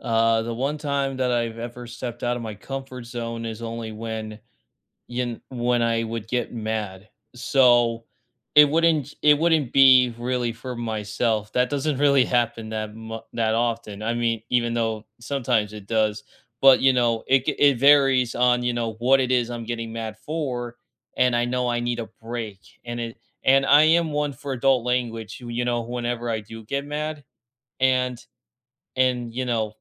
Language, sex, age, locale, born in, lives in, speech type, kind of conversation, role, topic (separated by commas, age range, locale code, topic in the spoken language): English, male, 20-24, en-US, United States, United States, conversation, unstructured, none, When have you stepped outside your comfort zone, and what did it teach you about yourself?